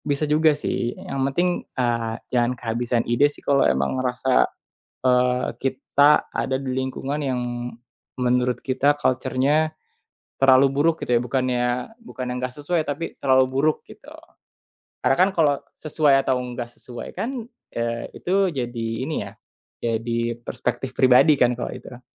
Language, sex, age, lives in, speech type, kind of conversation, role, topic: Indonesian, male, 25-29, Indonesia, conversation, podcast, guest, Bagaimana kamu memilih antara gaji yang lebih tinggi dan budaya kerja yang lebih baik?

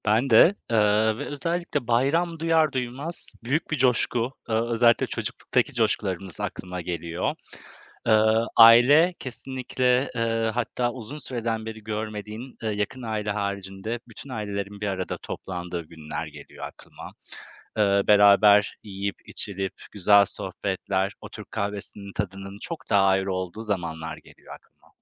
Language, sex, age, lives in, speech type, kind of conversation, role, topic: Turkish, male, 35-39, Poland, conversation, unstructured, none, Bayramlar sizin için ne ifade ediyor?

